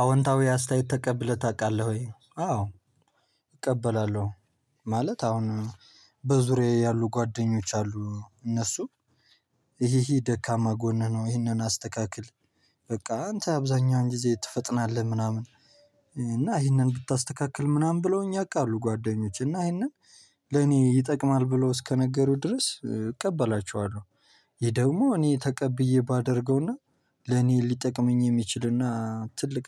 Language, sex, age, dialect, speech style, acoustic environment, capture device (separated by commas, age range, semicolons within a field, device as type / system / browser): Amharic, male, 18-24, Ethiopia - Gojjam, happy, people talking, mobile / Linux / Chrome